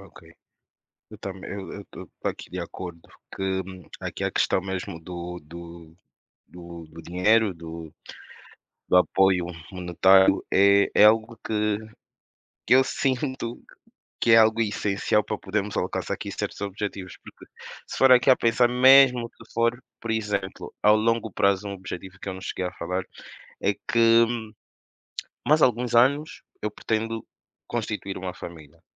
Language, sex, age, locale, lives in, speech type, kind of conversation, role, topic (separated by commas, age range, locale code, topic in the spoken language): Portuguese, male, 25-29, pt-PT, Portugal, conversation, unstructured, none, O que está impedindo você de alcançar seus objetivos no futuro?